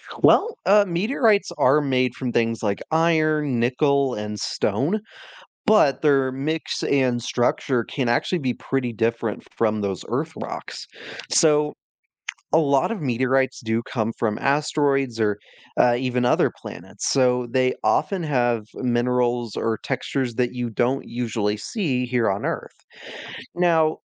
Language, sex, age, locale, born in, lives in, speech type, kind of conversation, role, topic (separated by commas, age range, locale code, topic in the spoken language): English, male, 20-24, en-US, United States, United States, read, expert-assistant, expert, What causes a shooting star?